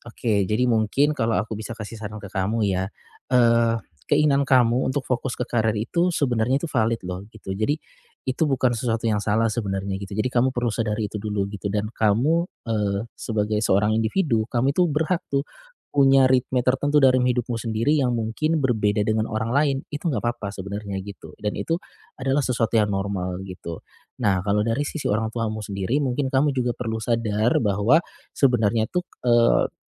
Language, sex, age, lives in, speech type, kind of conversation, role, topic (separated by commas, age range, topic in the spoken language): Indonesian, male, 35-39, Indonesia, conversation, advice, advisor, Bagaimana cara menetapkan batasan yang sehat dengan keluarga saya?